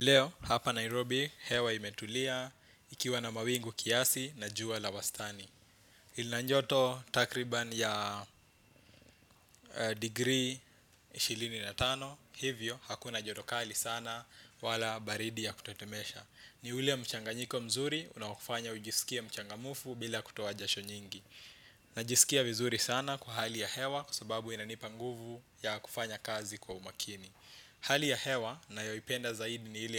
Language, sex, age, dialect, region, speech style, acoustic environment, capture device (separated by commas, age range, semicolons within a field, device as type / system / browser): Swahili, male, 25-34, Kenya - Nairobi Sheng-influenced, Kenya, relaxed; focused, silence, desktop / Windows / Chrome